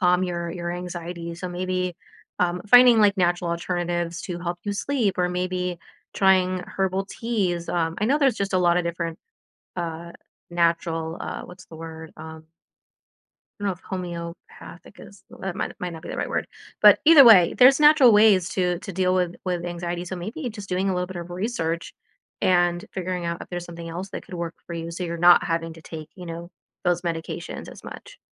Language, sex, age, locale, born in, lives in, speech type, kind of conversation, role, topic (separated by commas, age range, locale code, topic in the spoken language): English, female, 30-34, en-US, United States, United States, conversation, advice, advisor, How can I relax after a long workday?